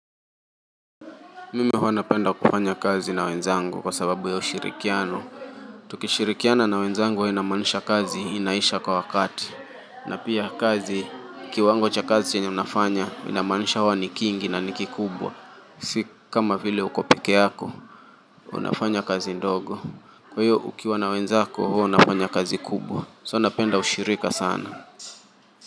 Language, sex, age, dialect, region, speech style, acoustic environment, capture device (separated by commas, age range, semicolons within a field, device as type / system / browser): Swahili, male, 25-34, Kenya - Mombasa, Kenya, relaxed, silence, mobile / Linux / Chrome